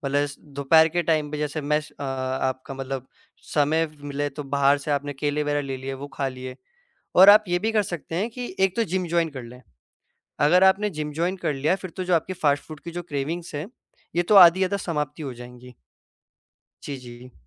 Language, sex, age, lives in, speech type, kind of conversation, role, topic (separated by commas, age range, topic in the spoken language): Hindi, male, 18-19, India, conversation, advice, advisor, दैनिक काम के बीच स्वस्थ खाने का समय न मिलना